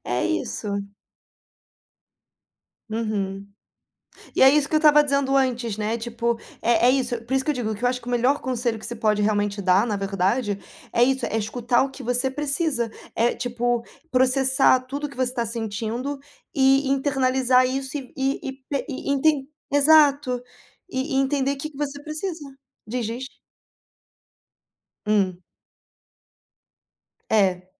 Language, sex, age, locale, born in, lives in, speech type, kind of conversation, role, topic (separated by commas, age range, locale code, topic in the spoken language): Portuguese, female, 25-29, pt-BR, Brazil, Portugal, conversation, unstructured, none, Como você lida com a perda de alguém querido?